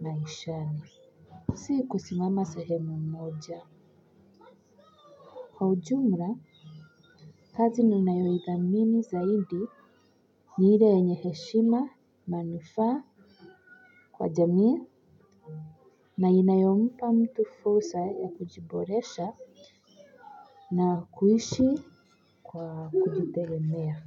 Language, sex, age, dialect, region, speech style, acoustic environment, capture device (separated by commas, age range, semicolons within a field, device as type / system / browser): Swahili, female, 25-34, Kenya - Nairobi Sheng-influenced, Kenya, relaxed, silence, mobile / Linux / Chrome